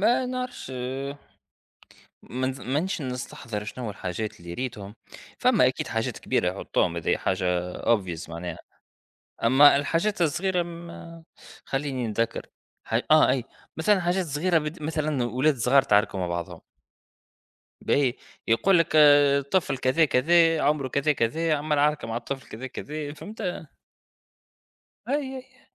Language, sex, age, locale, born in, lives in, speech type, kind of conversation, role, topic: Arabic, male, 30-34, ar-TN, Tunisia, United States, conversation, unstructured, none, إزاي وسائل التواصل الاجتماعي بتأثر على علاقاتنا اليومية؟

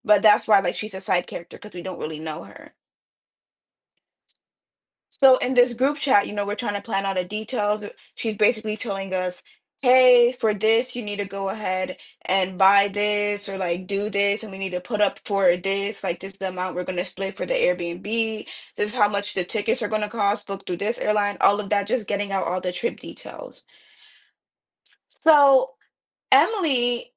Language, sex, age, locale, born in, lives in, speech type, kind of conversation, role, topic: English, female, 20-24, en-US, United States, United States, conversation, advice, user, How can I make amends and support my friend after not being there when they needed me?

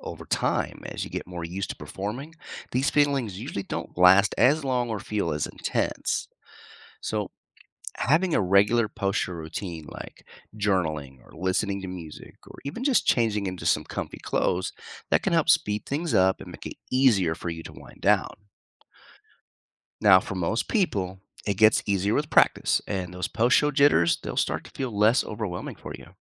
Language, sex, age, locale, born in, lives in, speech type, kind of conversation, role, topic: English, male, 45-49, en-US, United States, United States, read, expert-assistant, expert, How can stage fright be managed before performing?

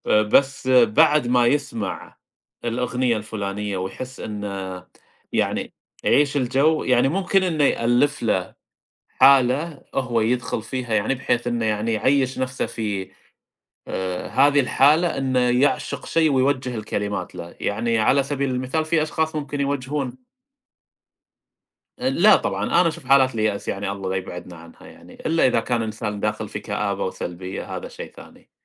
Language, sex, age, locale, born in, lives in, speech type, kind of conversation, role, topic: Arabic, male, 30-34, ar-SA, Saudi Arabia, United States, conversation, unstructured, none, إزاي القراية بتأثر على نموّك الشخصي؟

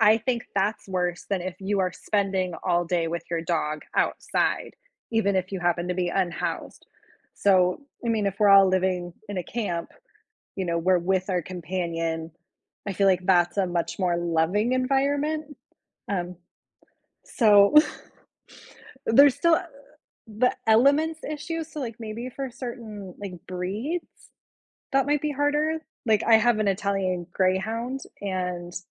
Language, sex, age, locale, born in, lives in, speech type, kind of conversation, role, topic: English, female, 35-39, en-US, United States, United States, conversation, unstructured, none, What do you think about people leaving their pets outside all day?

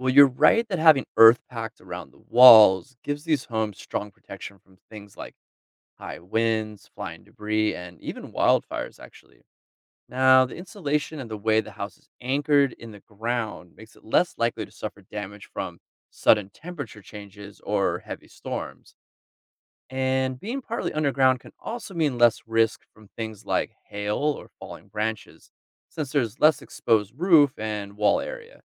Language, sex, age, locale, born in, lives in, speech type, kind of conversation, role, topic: English, male, 40-44, en-US, United States, United States, read, expert-assistant, expert, How do people design homes suited to their environment?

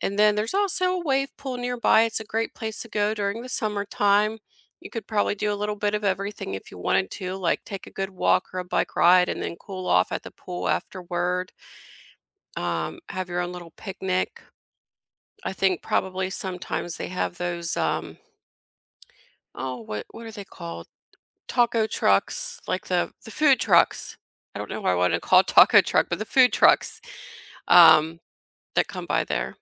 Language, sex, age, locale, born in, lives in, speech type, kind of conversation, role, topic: English, female, 40-44, en-US, United States, United States, conversation, unstructured, none, Which neighborhood place boosts your mood the most, and why?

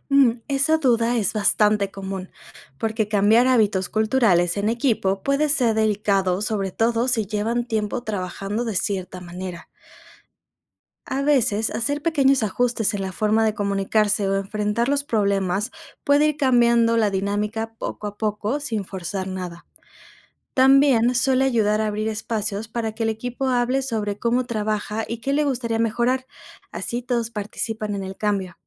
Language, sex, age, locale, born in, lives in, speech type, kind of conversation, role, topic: Spanish, female, 25-29, es-MX, Mexico, Mexico, read, expert-assistant, expert, ¿Cómo impacta la cultura en la forma de trabajar y liderar equipos internacionales?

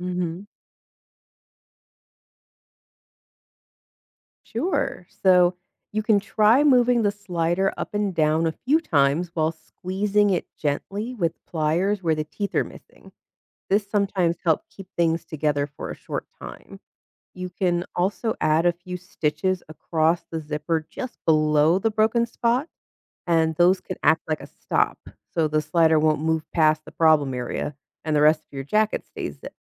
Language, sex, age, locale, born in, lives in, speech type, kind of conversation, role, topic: English, female, 35-39, en-US, United States, United States, read, expert-assistant, expert, How does a zipper work?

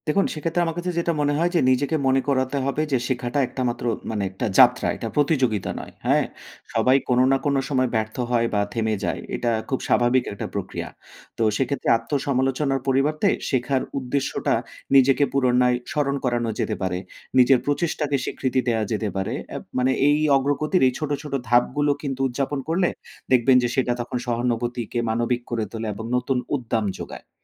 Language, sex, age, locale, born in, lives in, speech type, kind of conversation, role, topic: Bengali, male, 35-39, bn-BD, Bangladesh, Finland, conversation, podcast, guest, ছোট ছোট করে শেখার অভ্যাস কীভাবে গড়ে তুলবেন?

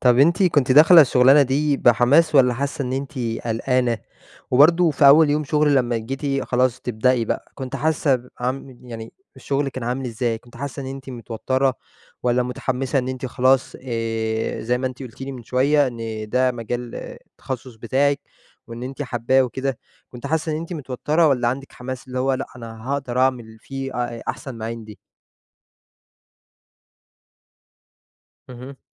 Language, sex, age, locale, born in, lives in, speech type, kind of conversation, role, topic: Arabic, male, 20-24, ar-EG, Egypt, Egypt, conversation, podcast, host, احكيلي عن أول شغلانة اشتغلتها؟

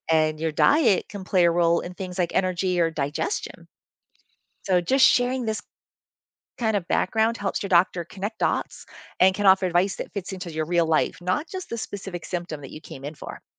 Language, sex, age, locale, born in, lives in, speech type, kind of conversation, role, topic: English, female, 55-59, en-US, United States, United States, read, expert-assistant, expert, How do I advocate for myself during medical appointments to ensure my concerns are heard?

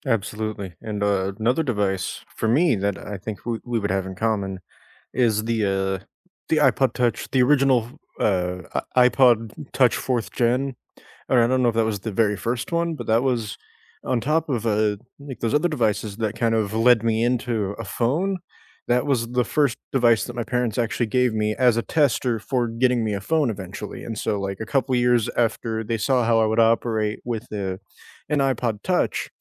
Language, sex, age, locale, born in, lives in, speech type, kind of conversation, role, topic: English, male, 20-24, en-US, United States, United States, conversation, unstructured, none, What childhood gadgets shaped you, and what memories do they bring back?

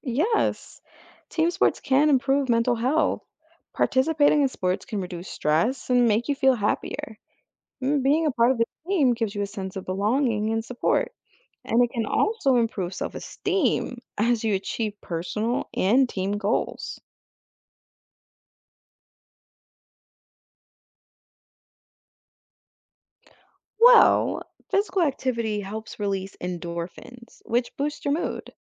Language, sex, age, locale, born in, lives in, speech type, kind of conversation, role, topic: English, female, 25-29, en-US, United States, United States, read, expert-assistant, expert, What are the benefits of participating in team sports?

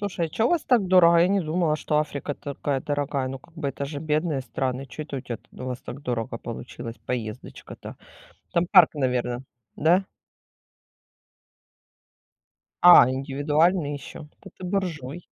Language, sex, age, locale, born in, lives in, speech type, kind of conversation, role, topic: Russian, female, 40-44, ru-RU, Ukraine, Spain, conversation, unstructured, none, Какое у вас самое яркое воспоминание о встрече с дикой природой?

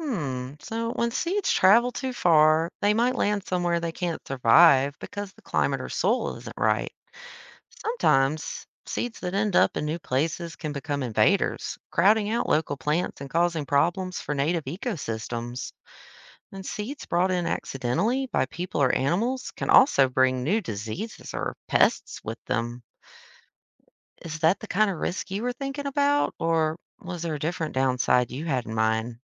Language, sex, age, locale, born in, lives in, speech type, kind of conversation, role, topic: English, female, 30-34, en-US, United States, United States, read, expert-assistant, expert, How do seeds travel to new places to grow?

